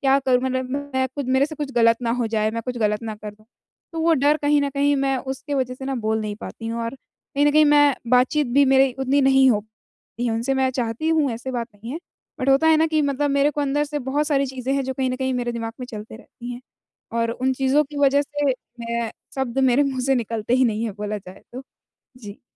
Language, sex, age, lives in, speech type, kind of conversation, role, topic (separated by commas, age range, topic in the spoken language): Hindi, female, 20-24, India, conversation, advice, user, जब मैं समूह में असहज महसूस करूँ, तो बातचीत कैसे शुरू करूँ?